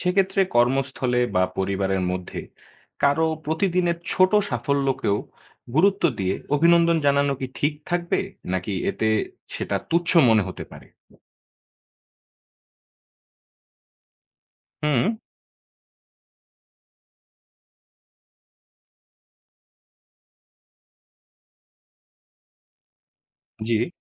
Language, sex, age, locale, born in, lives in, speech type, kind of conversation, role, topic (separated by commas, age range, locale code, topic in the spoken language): Bengali, male, 20-24, bn-BD, Bangladesh, Bangladesh, read, expert-assistant, asker, অভিনন্দন জানানো কেন গুরুত্বপূর্ণ?